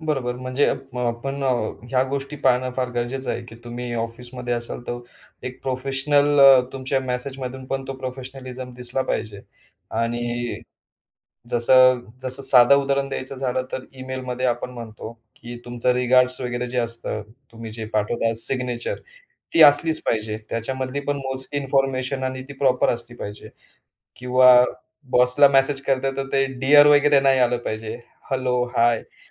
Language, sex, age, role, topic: Marathi, male, 35-39, host, व्यावसायिक संदेश आणि खाजगी संदेश यांमध्ये तुम्ही फरक कसा करता?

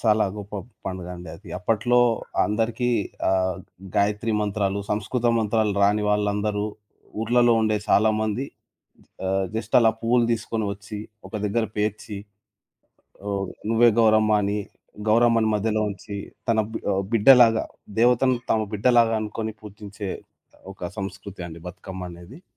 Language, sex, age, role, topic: Telugu, male, 25-29, guest, పండుగలను మీరు ఇంట్లో ఎలా జరుపుకుంటారు?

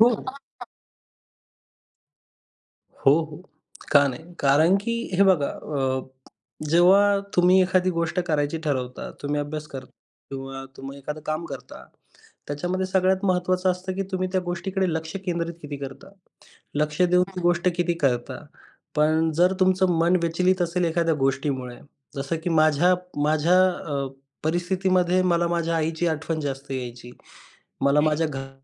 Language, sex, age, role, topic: Marathi, male, 25-29, guest, बाळपणीच्या गाण्यांचा तुमच्यावर काय प्रभाव पडला आहे?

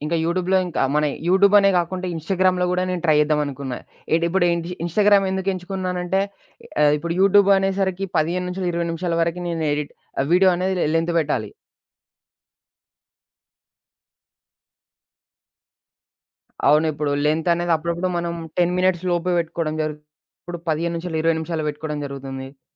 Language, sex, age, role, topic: Telugu, male, 20-24, guest, కొత్త నైపుణ్యాన్ని నేర్చుకోవడం ప్రారంభించడానికి మొదటి అడుగు ఏది?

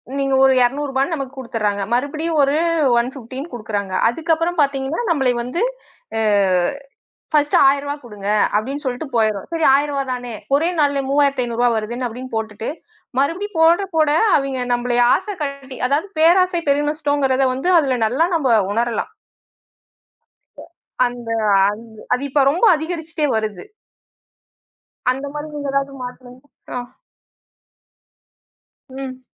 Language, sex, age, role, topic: Tamil, female, 35-39, host, தோல்வி வெற்றியின் ஒரு பகுதியாகும் என்று நீங்கள் நினைக்கிறீர்களா?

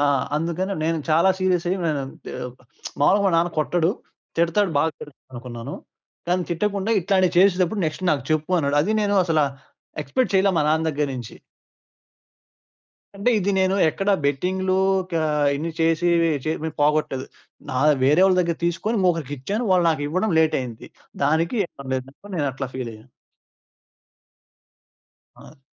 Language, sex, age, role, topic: Telugu, male, 20-24, guest, నిజం చెప్పడం వల్ల సంబంధాలు దెబ్బతింటే, మీరు దాన్ని ఎలా చూస్తారు?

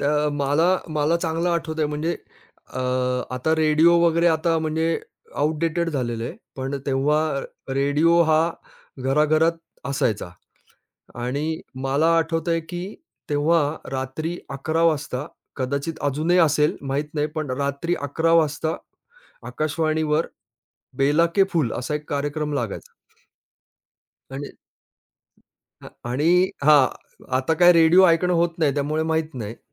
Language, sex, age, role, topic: Marathi, male, 45-49, guest, तुमच्या गाण्यांच्या आवडीनिवडीत काळानुसार काय बदल झाला?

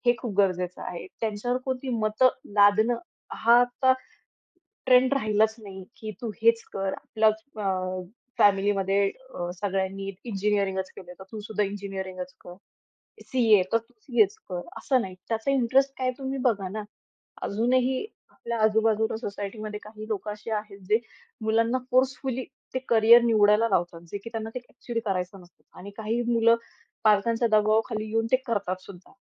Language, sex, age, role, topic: Marathi, female, 25-29, guest, पालक आणि मुलांमधील संवादाबाबत अपेक्षा कशा असतात?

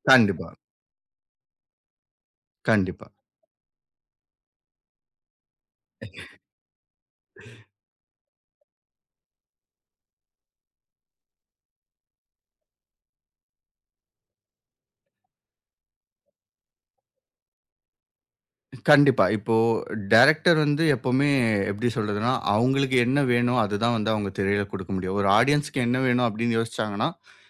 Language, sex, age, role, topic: Tamil, male, 25-29, guest, உங்களுக்கு பிடித்த திரைப்பட அனுபவம் எது?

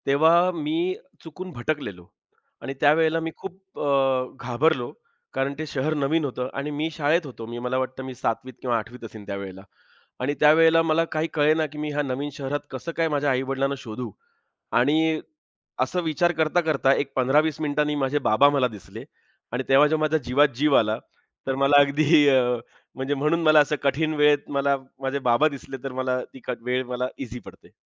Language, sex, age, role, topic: Marathi, male, 35-39, guest, कठीण प्रसंगी तुम्ही कोणाला आधार मानता, आणि का?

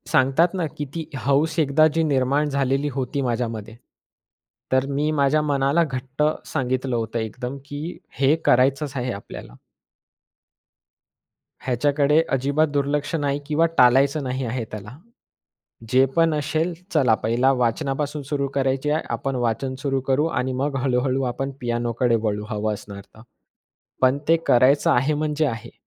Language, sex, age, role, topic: Marathi, male, 20-24, guest, नवी हौस सुरू करण्यासाठी नेमकी कुठून आणि कशी सुरुवात करावी, यासाठी तुमच्या कोणत्या टिप्स आहेत?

